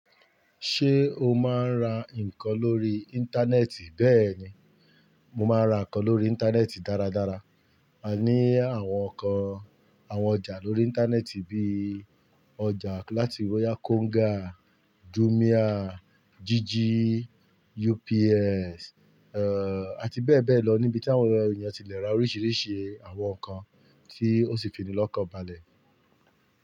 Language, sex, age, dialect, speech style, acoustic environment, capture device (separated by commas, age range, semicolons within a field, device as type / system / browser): Yoruba, male, 35-44, Nigeria - Ibadan, focused, nature, mobile / Linux / Chrome